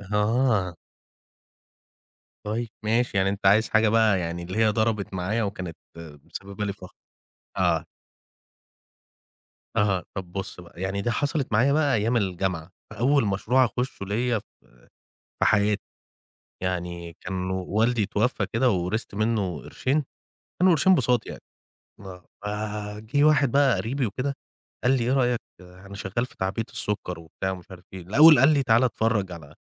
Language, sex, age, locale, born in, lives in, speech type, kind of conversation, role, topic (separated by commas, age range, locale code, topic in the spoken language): Arabic, male, 35-39, ar-EG, Egypt, Egypt, conversation, podcast, guest, ممكن تحكيلنا عن أكتر لحظة حسّيت فيها بالفخر في مشروعك؟